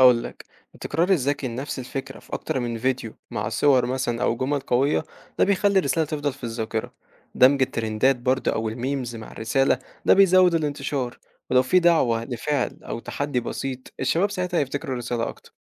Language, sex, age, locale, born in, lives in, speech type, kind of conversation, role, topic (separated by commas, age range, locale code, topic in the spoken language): Arabic, male, 18-19, ar-EG, Egypt, Egypt, read, expert-assistant, expert, إيه هي الطرق المختلفة اللي ممكن بيها نستخدم وسائل الإعلام الجديدة لصناعة تأثير إيجابي على الشباب في مصر؟